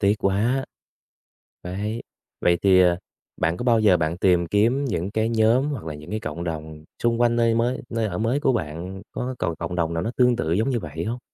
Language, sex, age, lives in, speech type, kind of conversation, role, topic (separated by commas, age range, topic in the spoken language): Vietnamese, male, 20-24, Vietnam, conversation, advice, advisor, Bạn cảm thấy luyến tiếc và đau buồn như thế nào khi rời bỏ cộng đồng cũ?